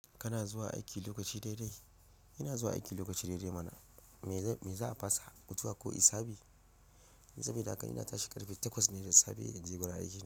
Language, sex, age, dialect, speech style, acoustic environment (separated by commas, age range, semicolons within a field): Hausa, male, 25-34, Nigeria - Ibadan, relaxed, silence